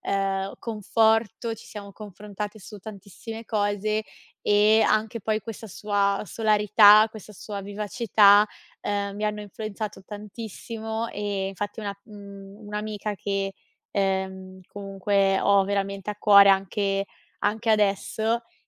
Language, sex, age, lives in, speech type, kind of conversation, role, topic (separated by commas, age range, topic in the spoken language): Italian, female, 25-29, Italy, conversation, podcast, guest, Puoi raccontarmi di un incontro inaspettato quando ti sei perso?